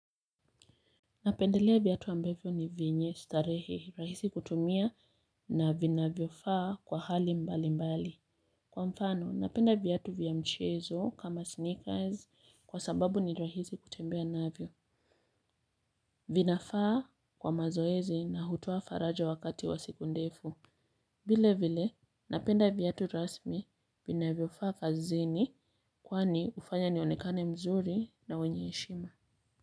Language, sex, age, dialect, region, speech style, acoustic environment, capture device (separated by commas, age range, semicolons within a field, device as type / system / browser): Swahili, female, 25-34, Kenya - Mombasa, Kenya, relaxed; focused, silence, mobile / Linux / Chrome